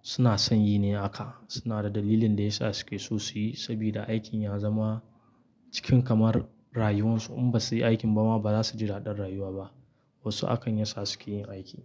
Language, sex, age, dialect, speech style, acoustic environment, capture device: Hausa, male, 25-34, Nigeria - Kano, happy; relaxed, nature, mobile / Linux / Chrome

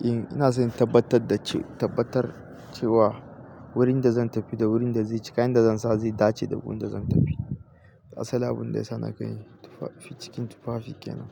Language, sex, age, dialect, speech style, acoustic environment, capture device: Hausa, male, 25-34, Nigeria - Katsina, happy, nature, mobile / Linux / Chrome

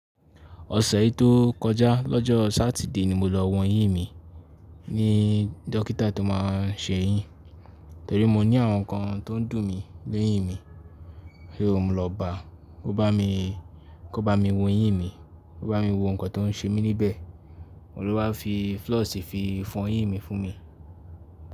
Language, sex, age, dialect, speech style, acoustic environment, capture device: Yoruba, male, 18-24, Nigeria - Ibadan, focused, silence, mobile / Linux / Chrome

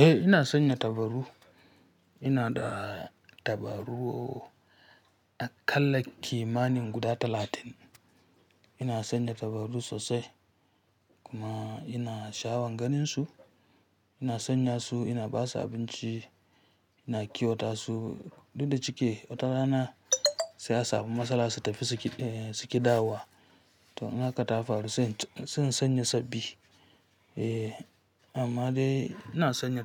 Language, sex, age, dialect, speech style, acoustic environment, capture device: Hausa, female, 25-34, Nigeria - Kano, happy; focused; relaxed, silence, mobile / Linux / Chrome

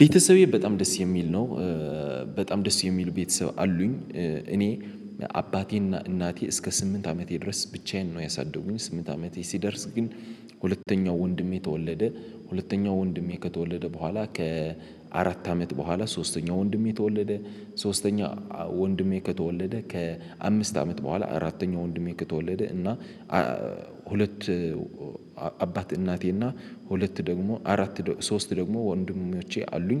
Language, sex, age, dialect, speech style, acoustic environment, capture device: Amharic, male, 18-24, Ethiopia - Addis Ababa, excited, silence, mobile / Linux / Chrome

